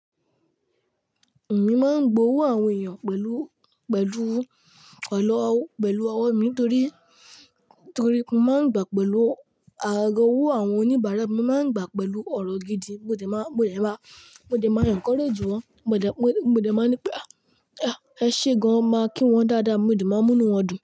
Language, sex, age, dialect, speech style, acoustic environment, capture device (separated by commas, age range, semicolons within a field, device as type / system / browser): Yoruba, male, 18-24, Nigeria - Lagos Yoruba, relaxed, nature, mobile / Linux / Chrome